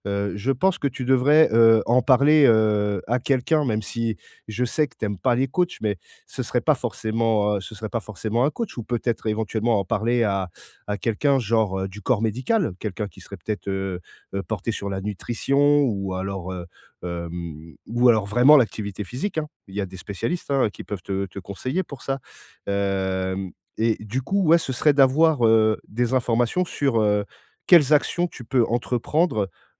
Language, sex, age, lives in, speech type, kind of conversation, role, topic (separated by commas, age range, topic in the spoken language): French, male, 40-44, France, conversation, advice, advisor, Pourquoi ai-je du mal à perdre du poids malgré des efforts constants ?